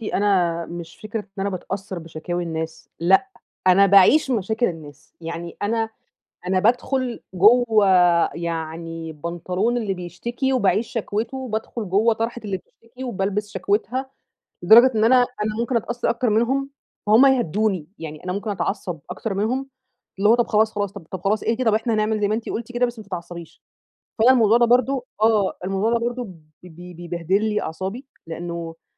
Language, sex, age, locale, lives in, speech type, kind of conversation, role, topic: Arabic, female, 30-34, ar-EG, Egypt, conversation, advice, user, إزاي أتعامل مع ضغط الشغل والاحتراق المهني وأنا بدير شغلي؟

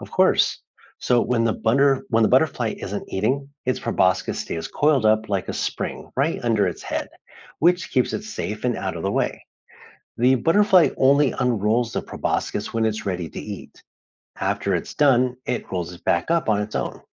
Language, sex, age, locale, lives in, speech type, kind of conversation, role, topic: English, male, 35-39, en-US, United States, read, expert-assistant, expert, How does a caterpillar become a butterfly and what happens after it emerges?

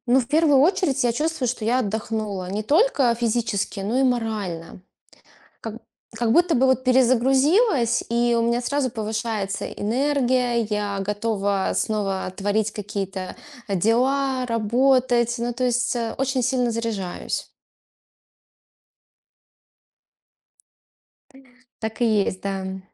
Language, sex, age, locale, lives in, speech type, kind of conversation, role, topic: Russian, female, 35-39, ru-RU, Estonia, conversation, podcast, guest, Что тебе больше всего интересно в путешествиях, которые ты уже совершал?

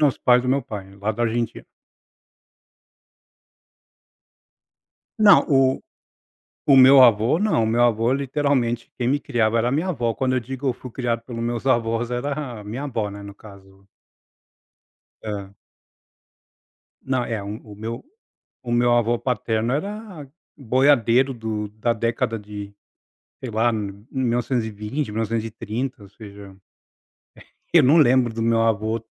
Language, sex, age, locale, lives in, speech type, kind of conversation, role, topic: Portuguese, male, 40-44, pt-BR, United States, conversation, podcast, guest, Como seus pais e avós demonstravam carinho e aplicavam disciplina?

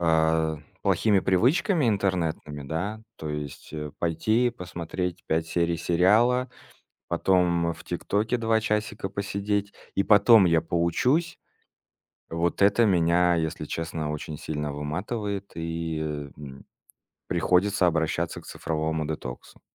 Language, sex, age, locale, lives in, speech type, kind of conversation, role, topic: Russian, male, 30-34, ru-RU, Estonia, conversation, podcast, guest, Как ты решаешь, когда делать цифровой детокс?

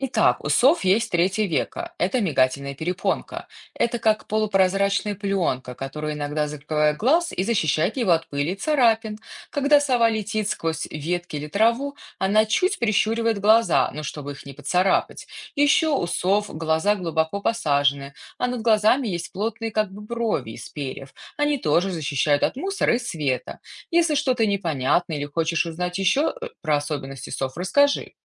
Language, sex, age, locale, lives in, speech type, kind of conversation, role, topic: Russian, female, 35-39, ru-RU, United States, read, expert-assistant, expert, Почему у совы большие глаза?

